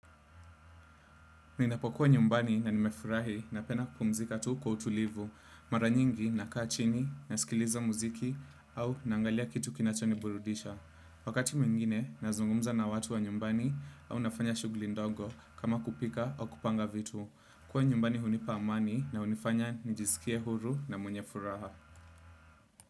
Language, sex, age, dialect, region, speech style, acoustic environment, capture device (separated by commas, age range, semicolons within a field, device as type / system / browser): Swahili, male, 25-34, Kenya - Nairobi Sheng-influenced, Kenya, focused; relaxed; happy, nature; silence, desktop / Windows / Chrome